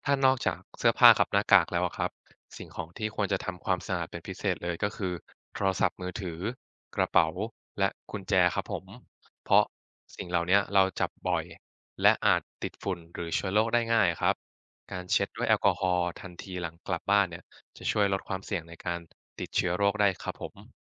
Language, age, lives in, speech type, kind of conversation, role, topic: Thai, 20-24, Thailand, read, expert-assistant, expert, ได้ยินมาหลายแบบเกี่ยวกับการสวมหน้ากากอนามัยในที่สาธารณะ จริงๆ แล้วจำเป็นแค่ไหนกันแน่?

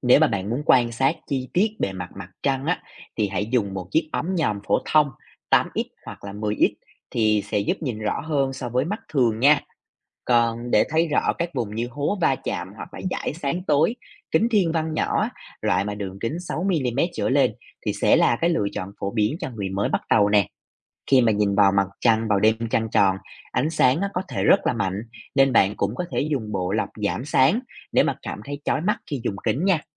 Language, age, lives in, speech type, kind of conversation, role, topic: Vietnamese, 25-29, Vietnam, read, expert-assistant, expert, Vì sao mặt trăng lại có nhiều hình dạng khác nhau trong tháng?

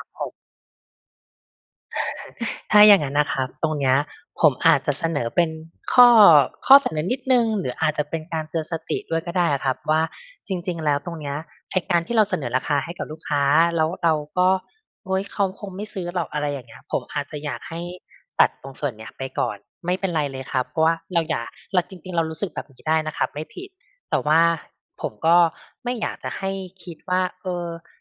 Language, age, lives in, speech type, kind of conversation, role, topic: Thai, 35-39, Thailand, conversation, advice, advisor, ทำไมคุณถึงตั้งใจอ่านหนังสือหรือเรียนออนไลน์ แต่สุดท้ายหยุดกลางคัน?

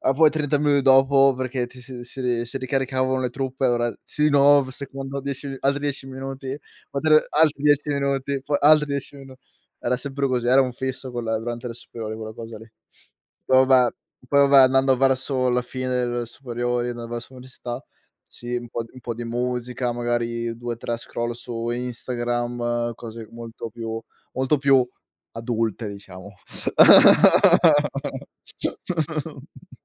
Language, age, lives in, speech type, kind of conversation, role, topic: Italian, 25-29, Italy, conversation, unstructured, none, Come gestisci il tuo tempo tra lo studio e il tempo libero?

